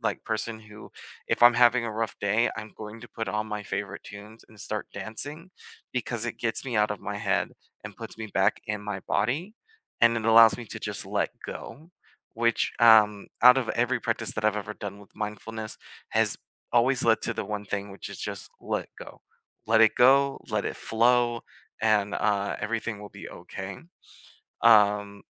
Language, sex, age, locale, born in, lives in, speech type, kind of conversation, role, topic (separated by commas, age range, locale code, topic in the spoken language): English, male, 25-29, en-US, United States, United States, conversation, unstructured, none, What mindfulness practices do you enjoy, even for just a minute?